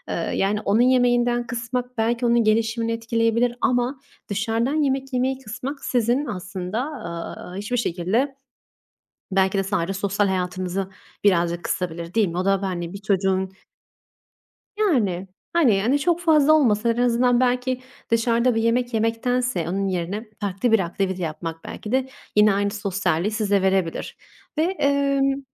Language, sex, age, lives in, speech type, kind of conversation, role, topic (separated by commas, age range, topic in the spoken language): Turkish, female, 25-29, Italy, conversation, unstructured, none, Yemek fiyatlarındaki artış aile bütçenizi nasıl etkiliyor?